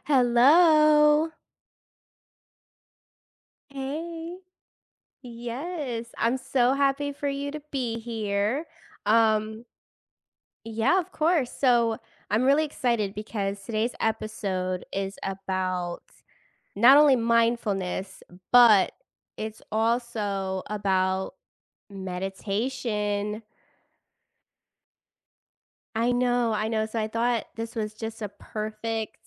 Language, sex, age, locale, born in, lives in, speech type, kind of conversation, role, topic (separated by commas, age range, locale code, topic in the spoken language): English, female, 30-34, en-US, United States, United States, conversation, podcast, host, How can practicing mindfulness or meditation change your perspective on daily life?